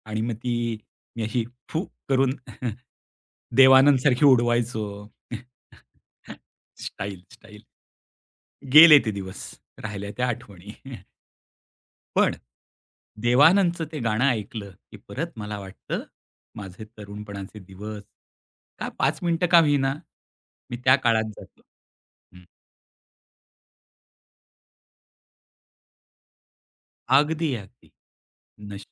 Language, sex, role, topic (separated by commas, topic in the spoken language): Marathi, male, guest, तुझ्या आयुष्यातील ‘टाइम कॅप्सूल’ ठरणारं एखादं गाणं कोणतं आहे?